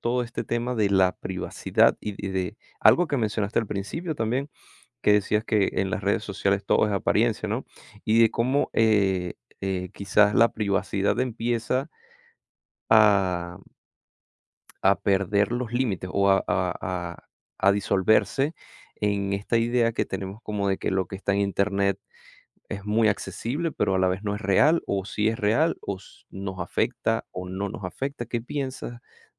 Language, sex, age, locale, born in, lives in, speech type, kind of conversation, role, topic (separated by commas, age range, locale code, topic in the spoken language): Spanish, male, 25-29, es-VE, Venezuela, United States, conversation, podcast, host, ¿Cómo han cambiado las relaciones familiares con las redes sociales?